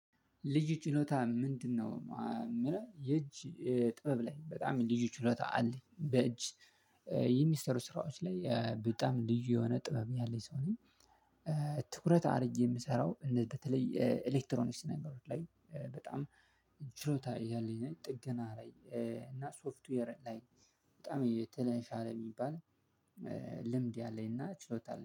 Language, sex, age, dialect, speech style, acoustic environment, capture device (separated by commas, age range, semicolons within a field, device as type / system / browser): Amharic, male, 25-34, Ethiopia - Addis Ababa, excited, silence, mobile / Linux / Chrome